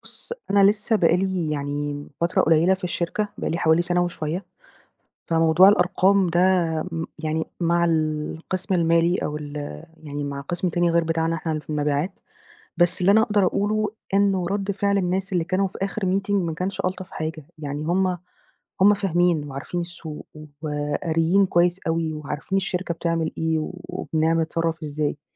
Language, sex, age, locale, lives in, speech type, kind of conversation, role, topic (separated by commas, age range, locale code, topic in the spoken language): Arabic, female, 30-34, ar-EG, Egypt, conversation, advice, user, إزاي أقدر أعبّر عن رأيي الحقيقي في الشغل من غير ما أخاف؟